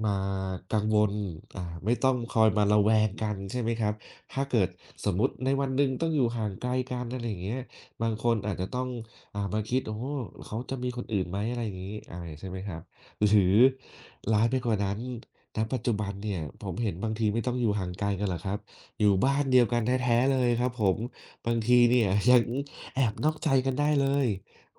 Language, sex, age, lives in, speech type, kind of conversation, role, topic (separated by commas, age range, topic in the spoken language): Thai, male, 30-34, Thailand, conversation, unstructured, none, คุณคิดว่าสิ่งสำคัญที่สุดในความสัมพันธ์คืออะไร?